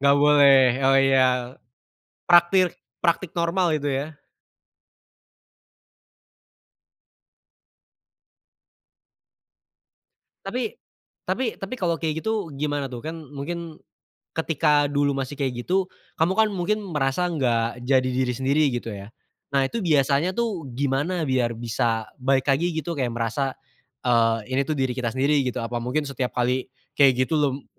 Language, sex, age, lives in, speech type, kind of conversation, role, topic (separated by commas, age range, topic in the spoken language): Indonesian, male, 20-24, Indonesia, conversation, unstructured, none, Apa yang membuat kamu merasa paling menjadi diri sendiri?